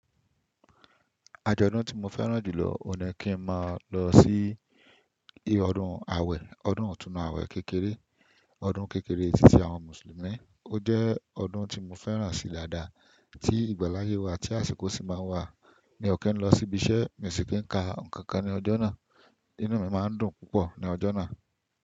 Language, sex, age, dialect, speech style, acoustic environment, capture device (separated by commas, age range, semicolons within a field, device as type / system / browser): Yoruba, male, 25-34, Nigeria - Ibadan, relaxed; happy; focused, silence, desktop / Windows / Chrome